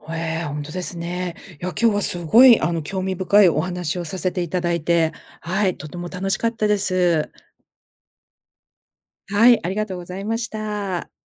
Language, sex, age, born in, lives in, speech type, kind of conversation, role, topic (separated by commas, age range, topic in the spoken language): Japanese, female, 50-54, Japan, United States, conversation, podcast, host, ICTは学校教育にどのような変化をもたらすと思いますか？